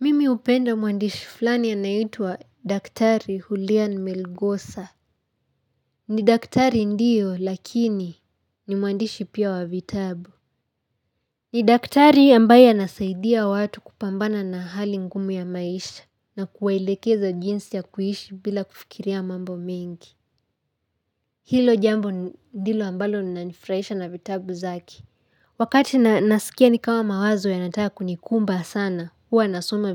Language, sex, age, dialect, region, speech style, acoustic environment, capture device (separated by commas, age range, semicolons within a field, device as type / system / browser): Swahili, female, 18-24, Kenya - Nairobi Sheng-influenced, Kenya, happy; excited; relaxed, silence, mobile / Linux / Chrome